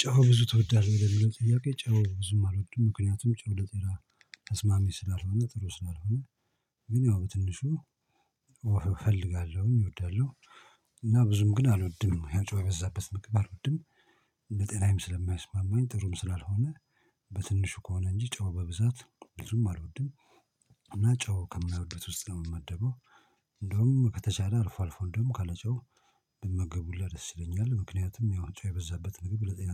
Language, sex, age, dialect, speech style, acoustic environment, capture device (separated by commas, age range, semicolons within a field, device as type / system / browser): Amharic, male, 45-54, Ethiopia - Addis Ababa, focused, silence, mobile / Linux / Chrome